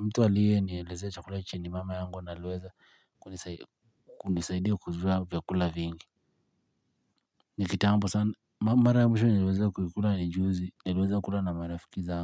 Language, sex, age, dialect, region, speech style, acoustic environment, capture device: Swahili, male, 18-24, Kenya - Nairobi Sheng-influenced, Kenya, happy, people talking; silence, mobile / Linux / Chrome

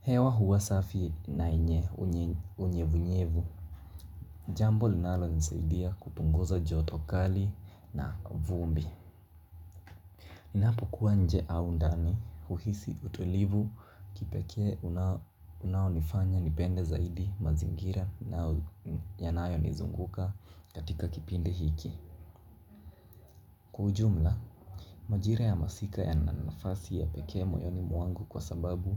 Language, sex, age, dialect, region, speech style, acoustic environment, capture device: Swahili, male, 18-24, Kenya - Mombasa, Kenya, focused, nature; music, mobile / Linux / Chrome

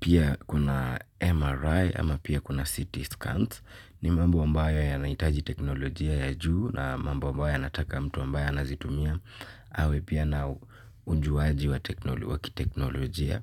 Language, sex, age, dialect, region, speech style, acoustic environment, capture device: Swahili, male, 18-24, Kenya - Nairobi Sheng-influenced, Kenya, happy; relaxed; focused; excited, silence, mobile / Linux / Chrome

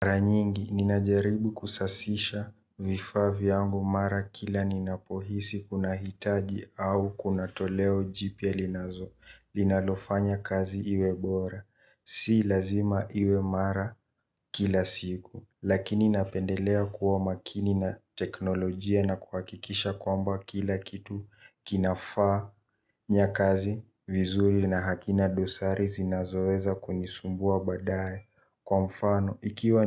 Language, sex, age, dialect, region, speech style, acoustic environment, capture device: Swahili, male, 18-24, Kenya - Nairobi Sheng-influenced, Kenya, tired, silence, mobile / Linux / Chrome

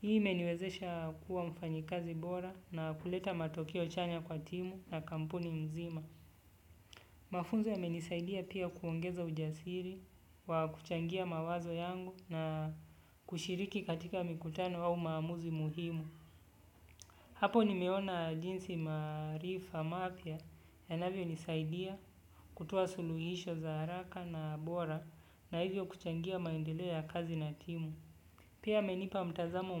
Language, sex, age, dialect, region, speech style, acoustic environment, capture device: Swahili, male, 25-34, Kenya - Nairobi Sheng-influenced, Kenya, relaxed, silence, desktop / Windows / Chrome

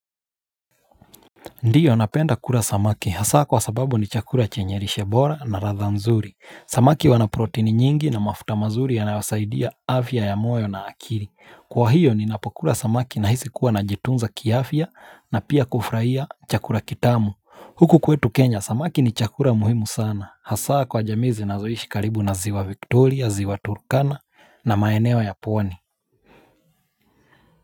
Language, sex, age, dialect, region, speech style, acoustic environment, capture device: Swahili, male, 25-34, Kenya - Mombasa, Kenya, relaxed, silence, mobile / Linux / Chrome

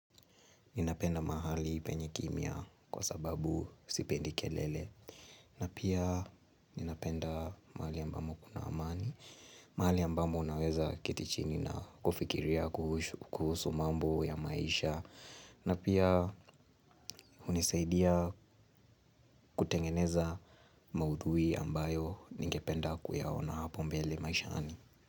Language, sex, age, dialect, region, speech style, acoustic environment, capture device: Swahili, male, 18-24, Kenya - Nairobi Sheng-influenced, Kenya, happy, nature, mobile / Linux / Chrome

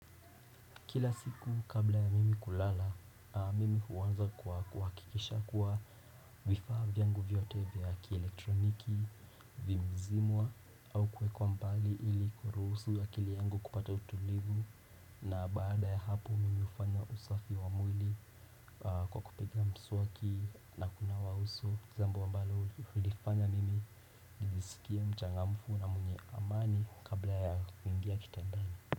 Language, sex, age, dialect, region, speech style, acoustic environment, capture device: Swahili, male, 18-24, Kenya - Nairobi Sheng-influenced, Kenya, relaxed, people talking; appliances; nature, desktop / Windows / Chrome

